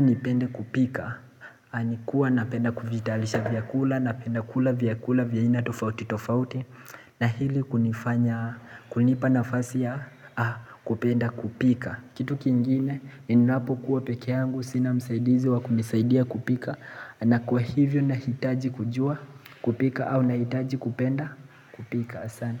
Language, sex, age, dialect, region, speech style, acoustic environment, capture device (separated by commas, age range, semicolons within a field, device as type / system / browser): Swahili, male, 18-24, Kenya - Mombasa, Kenya, relaxed, people talking; appliances, mobile / Linux / Chrome